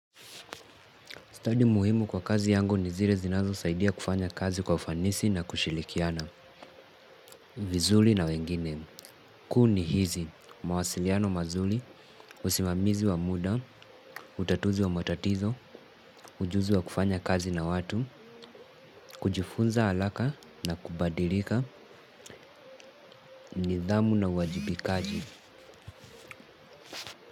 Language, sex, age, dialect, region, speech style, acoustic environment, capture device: Swahili, male, 18-24, Kenya - Mombasa, Kenya, relaxed, nature, mobile / Linux / Chrome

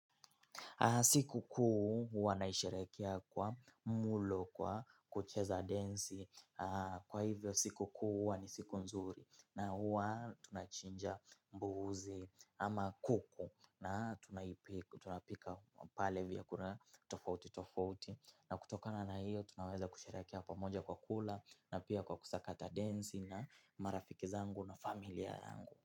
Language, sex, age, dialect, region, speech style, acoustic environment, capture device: Swahili, male, 18-24, Kenya - Mombasa, Kenya, focused; excited; relaxed, silence, mobile / Linux / Chrome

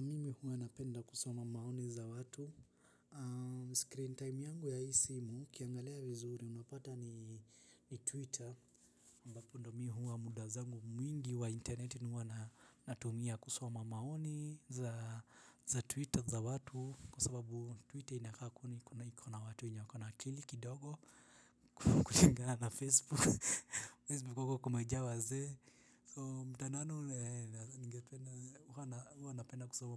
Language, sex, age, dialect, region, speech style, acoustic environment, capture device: Swahili, male, 18-24, Kenya - Nairobi Sheng-influenced, Kenya, relaxed, silence, desktop / Linux / Chrome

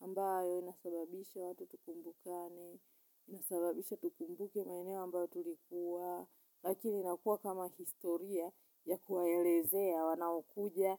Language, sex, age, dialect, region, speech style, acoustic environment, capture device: Swahili, female, 35-44, Tanzania - Dar es Salaam, Tanzania, focused, nature; silence, mobile / Linux / Chrome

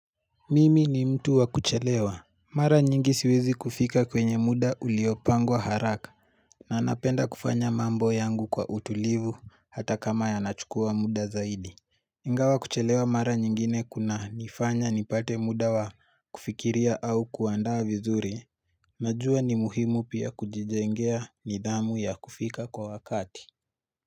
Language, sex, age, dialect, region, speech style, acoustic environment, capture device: Swahili, male, 18-24, Kenya - Nairobi Sheng-influenced, Kenya, happy, silence, mobile / Linux / Chrome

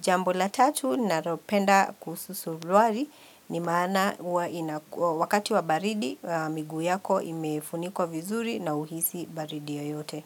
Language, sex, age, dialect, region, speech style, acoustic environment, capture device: Swahili, female, 45-54, Kenya - Nairobi Sheng-influenced, Kenya, happy; relaxed, people talking; music, mobile / Linux / Chrome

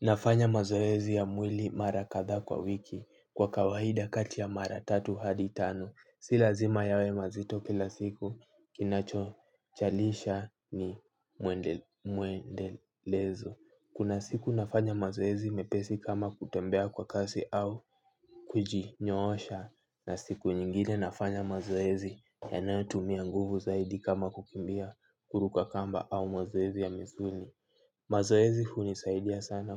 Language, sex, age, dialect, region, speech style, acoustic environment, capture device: Swahili, male, 18-24, Kenya - Nairobi Sheng-influenced, Kenya, focused, silence, mobile / Linux / Chrome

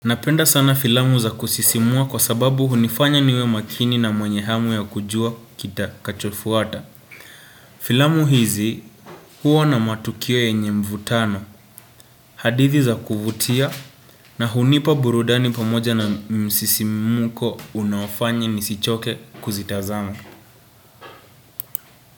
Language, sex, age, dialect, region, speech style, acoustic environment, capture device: Swahili, male, 18-24, Kenya - Nairobi Sheng-influenced, Kenya, relaxed; focused, nature, desktop / Windows / Chrome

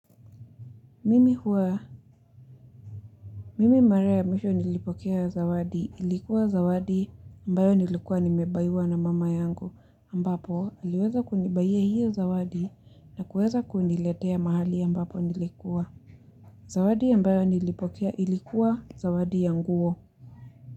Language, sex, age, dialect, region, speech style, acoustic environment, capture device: Swahili, female, 18-24, Kenya - Nairobi Sheng-influenced, Kenya, happy; relaxed; focused, people talking; music; nature; construction, mobile / Linux / Chrome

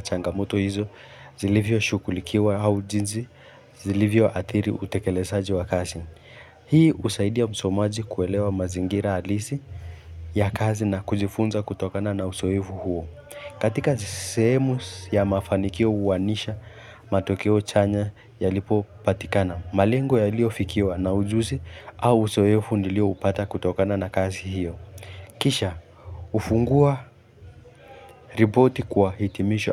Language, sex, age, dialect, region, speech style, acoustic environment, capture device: Swahili, male, 18-24, Kenya - Nairobi Sheng-influenced, Kenya, focused, silence; music, mobile / Linux / Chrome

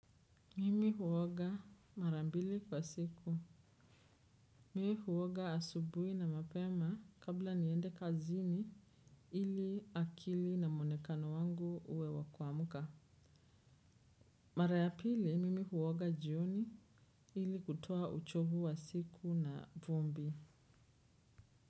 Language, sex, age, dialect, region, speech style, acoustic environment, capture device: Swahili, female, 25-34, Kenya - Nairobi Sheng-influenced, Kenya, relaxed, silence, desktop / Windows / Chrome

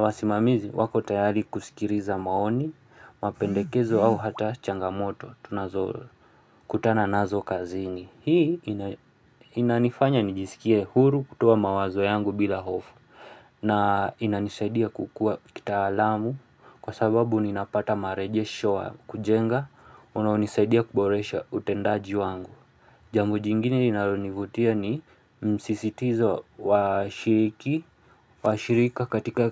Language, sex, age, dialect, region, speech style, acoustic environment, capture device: Swahili, male, 25-34, Kenya - Nairobi Sheng-influenced, Kenya, relaxed, silence, mobile / Linux / Chrome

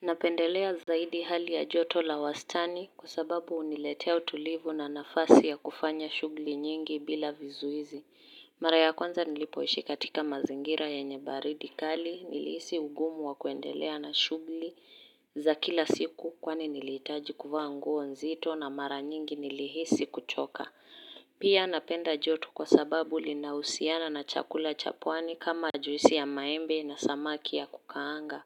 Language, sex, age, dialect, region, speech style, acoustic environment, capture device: Swahili, female, 18-24, Kenya - Nairobi Sheng-influenced, Kenya, focused; happy, silence, mobile / Linux / Chrome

